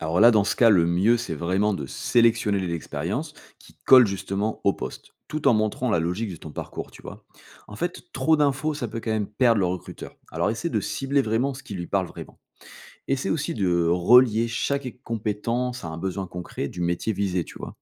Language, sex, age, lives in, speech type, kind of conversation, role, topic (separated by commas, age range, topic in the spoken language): French, male, 35-39, France, read, expert-assistant, expert, Quelles astuces pour changer de métier sans tout recommencer à zéro ?